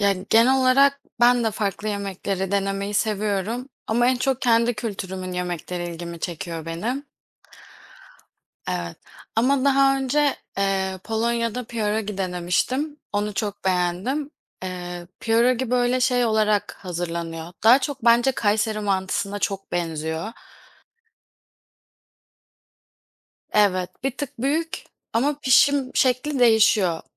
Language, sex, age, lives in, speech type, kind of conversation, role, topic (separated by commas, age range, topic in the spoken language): Turkish, female, 25-29, Poland, conversation, unstructured, none, Hangi yemek kültürü seni en çok etkiledi?